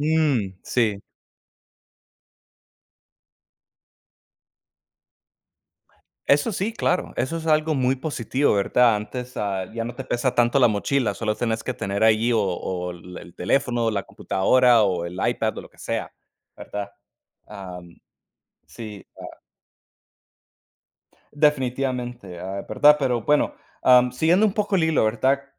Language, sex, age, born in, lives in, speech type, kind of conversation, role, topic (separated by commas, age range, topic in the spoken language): Spanish, male, 30-34, United States, United States, conversation, unstructured, none, ¿Cómo han afectado los teléfonos inteligentes a tu vida diaria?